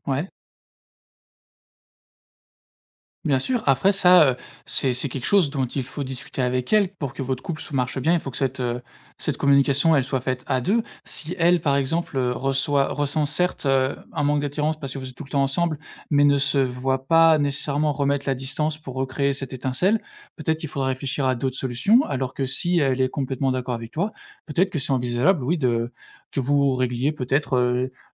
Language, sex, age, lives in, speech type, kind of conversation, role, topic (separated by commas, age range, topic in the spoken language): French, male, 25-29, France, conversation, advice, advisor, Comment faire face à une perte de passion ou d’attirance dans mon couple ?